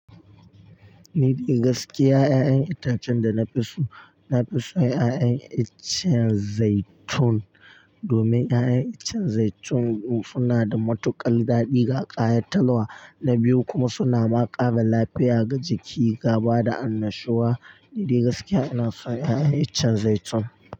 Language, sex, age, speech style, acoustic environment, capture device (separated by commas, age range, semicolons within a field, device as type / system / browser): Hausa, male, 18-24, focused; tired, silence, mobile / Linux / Chrome